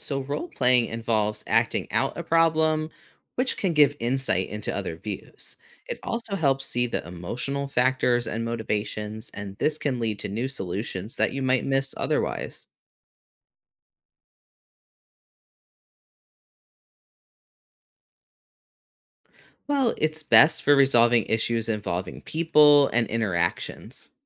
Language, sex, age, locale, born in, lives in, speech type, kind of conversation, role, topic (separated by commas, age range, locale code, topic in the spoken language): English, male, 35-39, en-US, United States, United States, read, expert-assistant, expert, What techniques improve creative problem-solving?